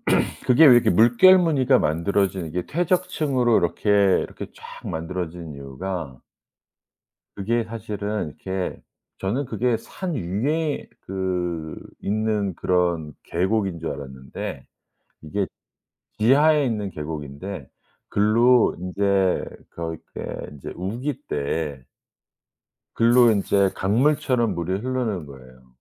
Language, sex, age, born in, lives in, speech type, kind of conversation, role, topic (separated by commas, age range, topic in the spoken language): Korean, male, 55-59, South Korea, United States, conversation, podcast, guest, 여행하면서 관점이 확 바뀐 순간이 있었나요?